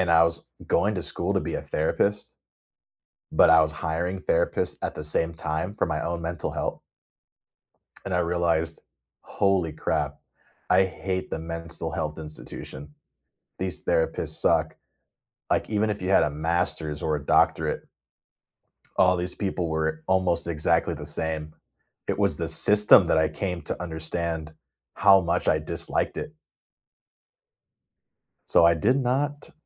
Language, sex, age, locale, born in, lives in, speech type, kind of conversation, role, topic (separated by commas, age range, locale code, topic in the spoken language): English, male, 30-34, en-US, United States, United States, conversation, unstructured, none, What routines, boundaries, and small hacks help you juggle homework, hobbies, and sleep during hectic school weeks?